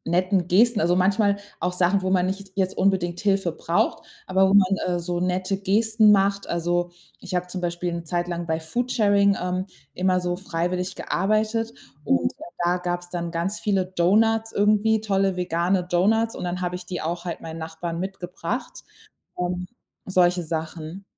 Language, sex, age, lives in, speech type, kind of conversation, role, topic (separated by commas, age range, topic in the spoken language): German, female, 30-34, Germany, conversation, podcast, guest, Wie können Nachbarschaften helfen, wenn jemand in Not ist?